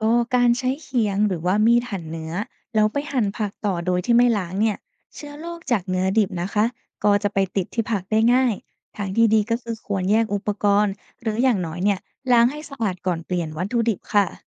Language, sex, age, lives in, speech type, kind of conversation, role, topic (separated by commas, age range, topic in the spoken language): Thai, female, 20-24, Thailand, read, expert-assistant, expert, พื้นฐานสำคัญของการเลือกและเก็บรักษาอาหารให้ปลอดภัยมีอะไรบ้าง และจะนำไปใช้จริงในบ้านได้อย่างไร?